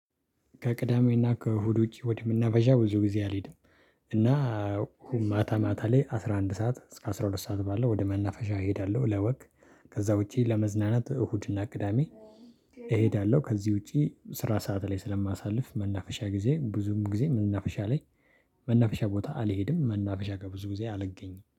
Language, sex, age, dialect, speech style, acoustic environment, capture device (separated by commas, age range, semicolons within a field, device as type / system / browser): Amharic, male, 25-34, Ethiopia - Addis Ababa, happy, people talking; silence, desktop / Linux / Chrome